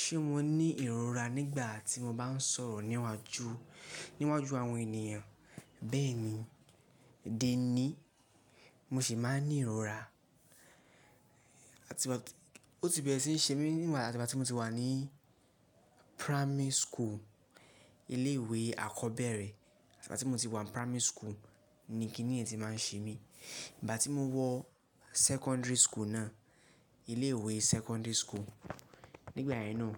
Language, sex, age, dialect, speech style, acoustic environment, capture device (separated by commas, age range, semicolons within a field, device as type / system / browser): Yoruba, male, 18-24, Nigeria - Lagos Yoruba, relaxed; focused, people talking; nature, mobile / Linux / Chrome